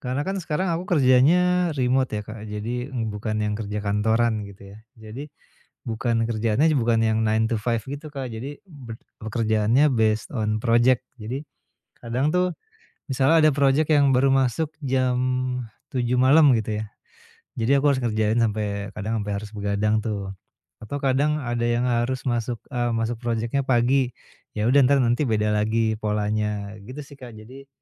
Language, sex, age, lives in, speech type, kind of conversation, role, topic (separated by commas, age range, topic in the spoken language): Indonesian, male, 45-49, Indonesia, conversation, advice, user, Bagaimana caranya rileks setelah hari kerja yang panjang?